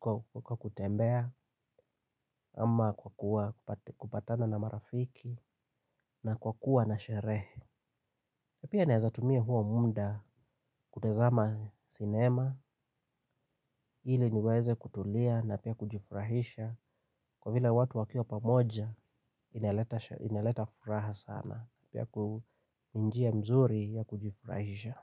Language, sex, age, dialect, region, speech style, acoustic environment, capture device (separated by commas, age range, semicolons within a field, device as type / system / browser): Swahili, male, 25-34, Kenya - Nairobi Sheng-influenced, Kenya, happy, silence, mobile / Linux / Chrome